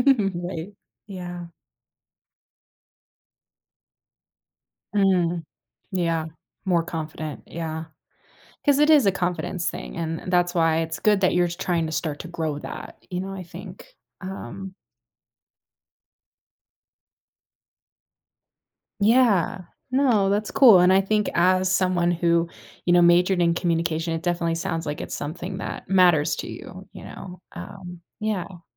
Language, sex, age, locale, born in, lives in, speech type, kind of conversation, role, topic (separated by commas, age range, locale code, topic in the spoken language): English, female, 25-29, en-US, United States, United States, conversation, advice, advisor, How can I start conversations confidently?